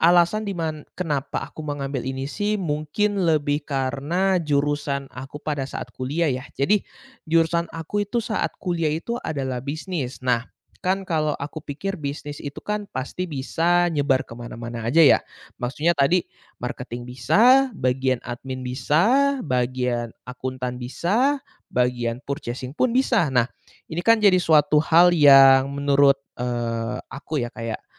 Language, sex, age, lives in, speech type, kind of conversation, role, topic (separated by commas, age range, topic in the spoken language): Indonesian, male, 20-24, Indonesia, conversation, advice, user, Bagaimana cara memulai pekerjaan baru dengan tanggung jawab yang berbeda?